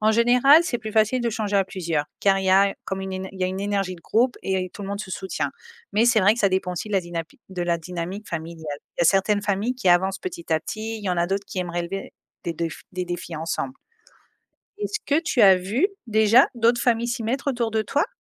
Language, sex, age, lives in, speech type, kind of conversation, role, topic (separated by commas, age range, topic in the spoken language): French, female, 35-39, Spain, read, expert-assistant, expert, Faut-il avoir peur ou espoir face aux changements du climat ?